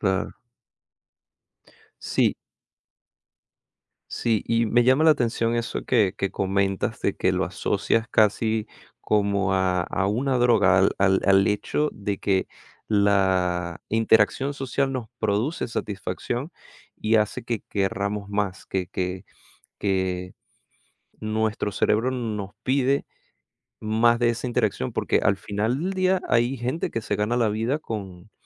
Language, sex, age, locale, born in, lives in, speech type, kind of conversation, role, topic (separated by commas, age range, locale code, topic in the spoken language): Spanish, male, 25-29, es-VE, Venezuela, United States, conversation, podcast, host, ¿Cómo han cambiado las relaciones familiares con las redes sociales?